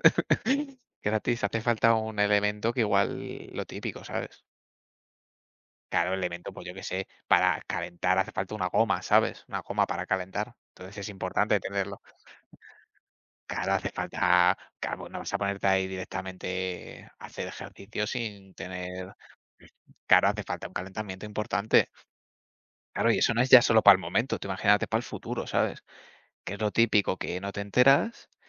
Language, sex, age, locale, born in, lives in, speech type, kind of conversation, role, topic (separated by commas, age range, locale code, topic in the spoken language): Spanish, male, 20-24, es-ES, Spain, Spain, conversation, unstructured, none, ¿Qué deporte crees que tiene más potencial para el desarrollo personal?